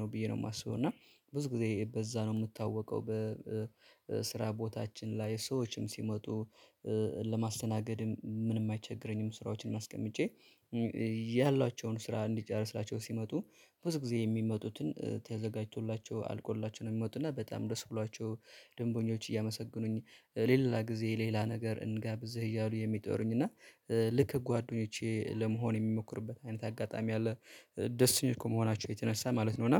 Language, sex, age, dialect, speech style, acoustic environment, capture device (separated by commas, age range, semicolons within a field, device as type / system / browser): Amharic, male, 25-34, Ethiopia - Addis Ababa, relaxed; excited, silence; nature, mobile / Linux / Chrome